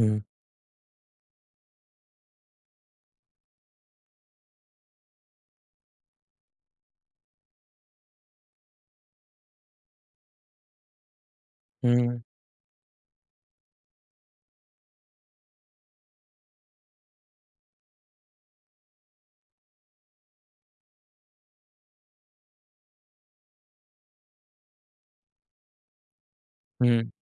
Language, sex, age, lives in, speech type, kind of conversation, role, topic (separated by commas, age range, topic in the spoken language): Hindi, male, 25-29, India, conversation, advice, user, ब्रेकअप के बाद भावनात्मक रूप से स्वतंत्र होकर आत्म-दया कैसे विकसित करूँ?